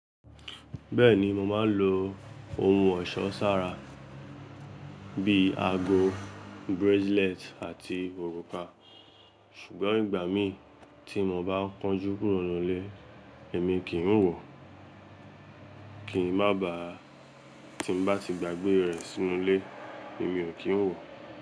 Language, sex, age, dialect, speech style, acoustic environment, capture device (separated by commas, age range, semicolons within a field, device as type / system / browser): Yoruba, male, 18-24, Nigeria - Lagos Yoruba, relaxed, traffic, mobile / Linux / Chrome